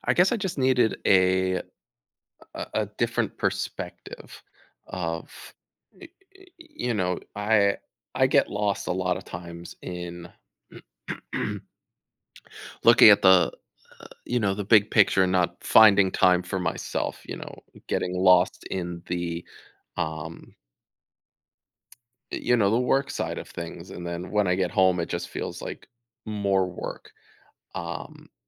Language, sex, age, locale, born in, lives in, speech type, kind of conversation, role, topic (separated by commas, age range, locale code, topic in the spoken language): English, male, 30-34, en-US, United States, United States, conversation, advice, user, How can I manage my daily responsibilities without feeling overwhelmed?